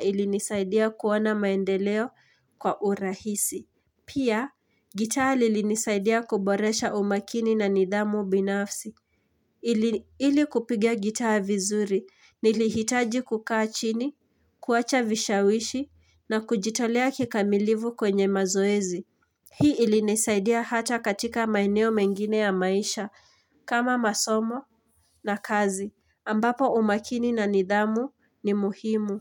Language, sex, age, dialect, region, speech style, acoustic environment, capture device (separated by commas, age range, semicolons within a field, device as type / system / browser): Swahili, female, 18-24, Kenya - Nairobi Sheng-influenced, Kenya, anxious; relaxed; focused, nature, mobile / Linux / Chrome